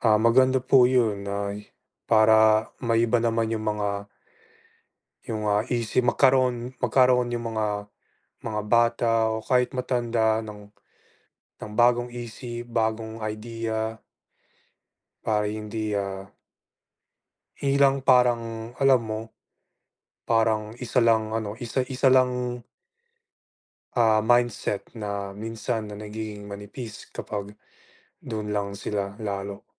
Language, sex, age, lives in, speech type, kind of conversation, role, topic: Filipino, male, 25-29, United States, conversation, unstructured, none, Ano ang dapat gawin upang mabawasan ang krimen sa ating mga barangay?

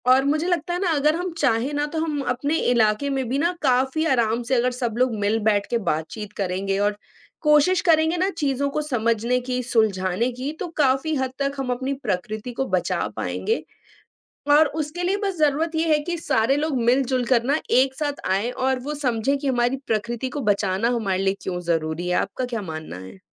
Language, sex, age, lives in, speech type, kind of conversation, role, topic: Hindi, male, 20-24, India, conversation, unstructured, none, आपको अपने इलाके की प्राकृतिक सुंदरता कैसी लगती है?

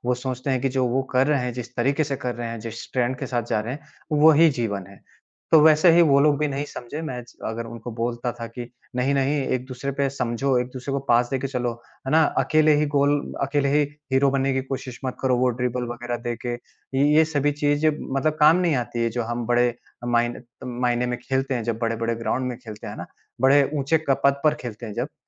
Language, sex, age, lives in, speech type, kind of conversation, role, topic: Hindi, male, 30-34, India, conversation, podcast, guest, क्या आपने कभी चलन छोड़कर अपना अलग रास्ता चुना है?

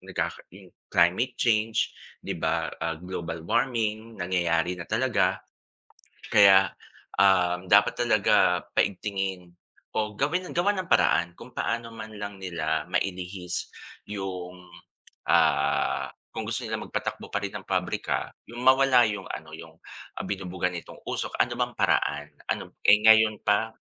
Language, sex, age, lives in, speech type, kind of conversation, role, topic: Filipino, male, 40-44, Philippines, conversation, unstructured, none, Ano ang masasabi mo tungkol sa mga pabrikang nagbubuga ng maruming usok sa hangin?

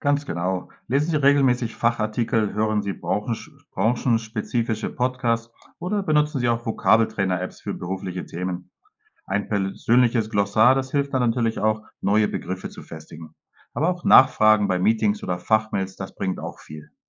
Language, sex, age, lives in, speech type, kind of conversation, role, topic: German, male, 45-49, Spain, read, expert-assistant, expert, Ist es im Berufsleben hilfreicher, mehrere Sprachen zu sprechen, oder reicht gutes Deutsch aus? Wie unterscheiden sich die Erfahrungen?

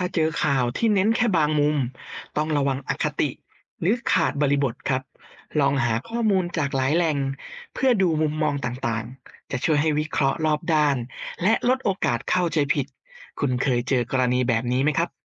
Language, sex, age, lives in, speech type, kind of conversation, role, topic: Thai, male, 30-34, Thailand, read, expert-assistant, expert, เวลาฟังข่าวเศรษฐกิจโลก เราจะรู้ได้อย่างไรว่าข้อมูลนั้นน่าเชื่อถือหรือเปล่า?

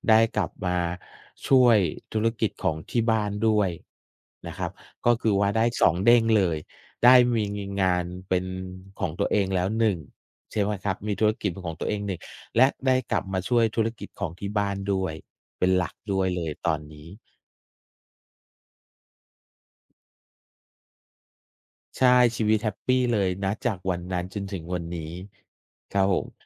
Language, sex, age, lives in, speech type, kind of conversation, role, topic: Thai, male, 45-49, Thailand, conversation, unstructured, none, คุณเคยเจอโอกาสที่เปลี่ยนแปลงชีวิตการทำงานของคุณไหม?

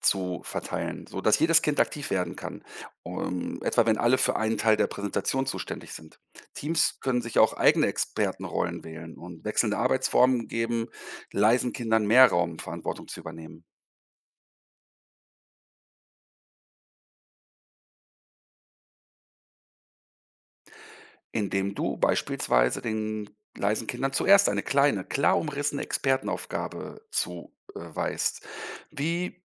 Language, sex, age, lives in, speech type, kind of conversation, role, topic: German, male, 50-54, Germany, read, expert-assistant, expert, Wie kann ich einen Lehrplan so gestalten, dass Lernen im Alltag leichter fällt?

